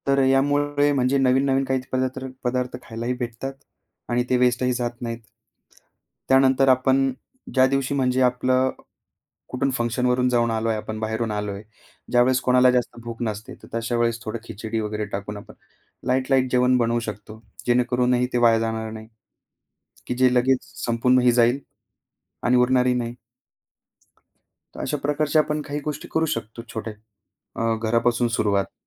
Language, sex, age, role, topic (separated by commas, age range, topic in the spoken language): Marathi, male, 25-29, guest, भोजन वाया जाणं थांबवण्यासाठी तुम्ही काय करता?